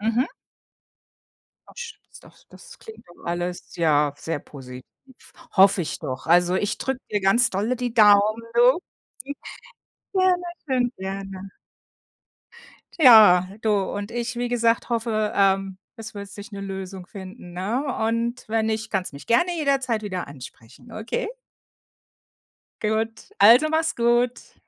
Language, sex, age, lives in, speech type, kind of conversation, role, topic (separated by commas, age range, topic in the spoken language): German, female, 55-59, United States, conversation, advice, advisor, Wie beeinträchtigt die Pflege eines älteren Familienmitglieds deine eigene Lebensgestaltung?